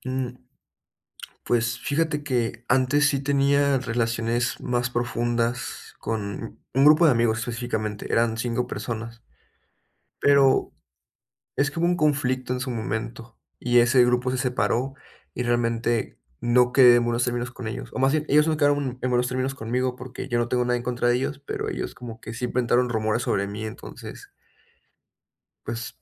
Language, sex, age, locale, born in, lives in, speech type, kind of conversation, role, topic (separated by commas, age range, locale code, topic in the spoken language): Spanish, male, 20-24, es-MX, Mexico, Mexico, conversation, advice, user, ¿Cómo puedo crear conexiones más significativas con mis conocidos?